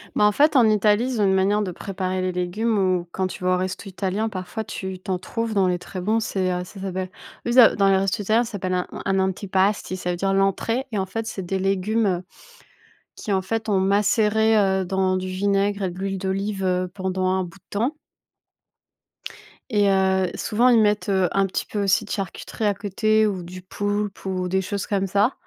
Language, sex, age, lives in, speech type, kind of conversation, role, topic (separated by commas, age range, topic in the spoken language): French, female, 30-34, France, conversation, unstructured, none, Comment les repas partagés peuvent-ils contribuer à la santé mentale des participants ?